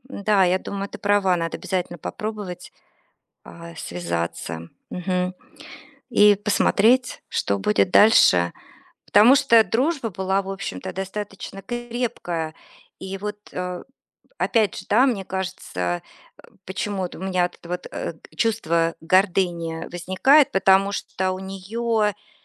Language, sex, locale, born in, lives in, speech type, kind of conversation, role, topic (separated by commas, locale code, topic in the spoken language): Russian, female, ru-RU, Russia, United States, conversation, advice, user, Как справиться с тем, что друг отдалился после больших жизненных изменений?